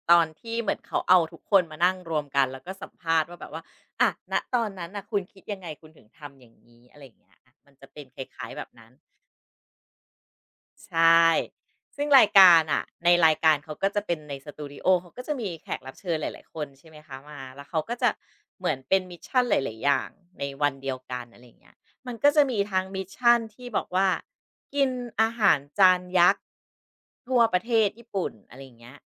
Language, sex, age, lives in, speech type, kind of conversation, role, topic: Thai, female, 40-44, Thailand, conversation, podcast, guest, ช่วยเล่าเกี่ยวกับรายการโทรทัศน์ที่คุณชอบที่สุดให้ฟังหน่อยได้ไหม?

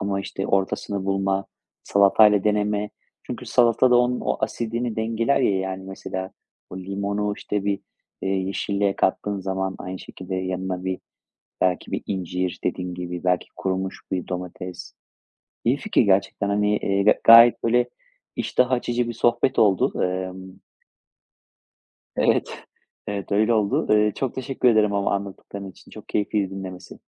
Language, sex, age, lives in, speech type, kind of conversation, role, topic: Turkish, male, 35-39, Spain, conversation, podcast, host, Yeni tat kombinasyonlarını nasıl keşfediyorsun?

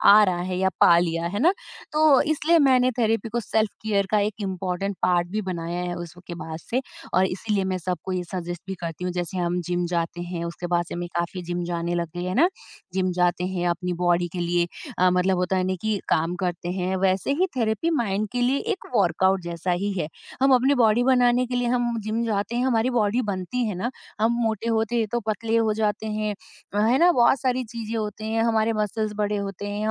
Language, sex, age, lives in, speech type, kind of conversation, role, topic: Hindi, female, 30-34, India, conversation, podcast, guest, Tum therapy ke baare mein kya sochte ho, aur aisa kyun lagta hai?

